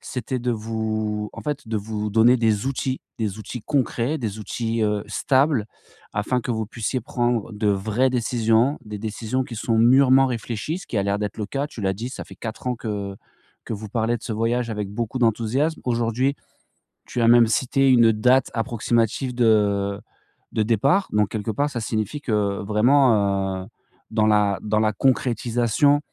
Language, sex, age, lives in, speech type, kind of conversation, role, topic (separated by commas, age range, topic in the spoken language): French, male, 40-44, France, conversation, advice, advisor, Comment prendre une grande décision malgré la pression sociale ?